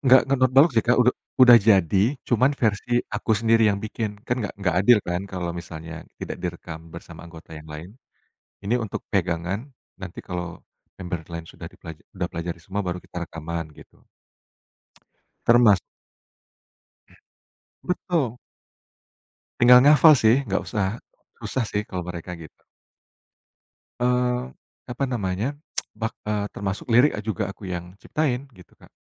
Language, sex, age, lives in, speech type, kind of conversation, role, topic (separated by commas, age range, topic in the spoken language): Indonesian, male, 35-39, Indonesia, conversation, podcast, guest, Apa yang kamu lakukan saat ide mentok?